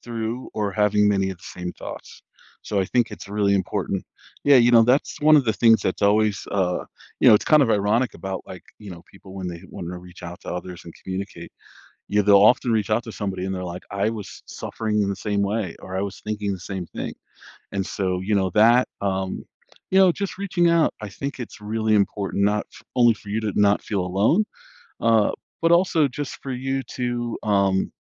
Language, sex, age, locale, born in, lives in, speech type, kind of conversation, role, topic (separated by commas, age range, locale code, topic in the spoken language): English, male, 40-44, en-US, United States, United States, conversation, advice, advisor, How can I manage feeling overwhelmed by daily responsibilities?